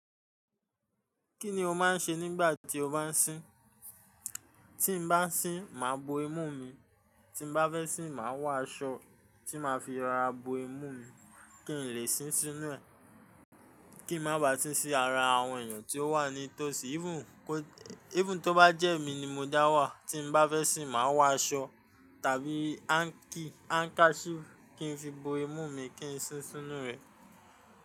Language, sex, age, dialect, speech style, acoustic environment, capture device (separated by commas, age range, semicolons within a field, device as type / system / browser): Yoruba, male, 25-34, Nigeria - Ijebu, stressed, construction, mobile / Linux / Chrome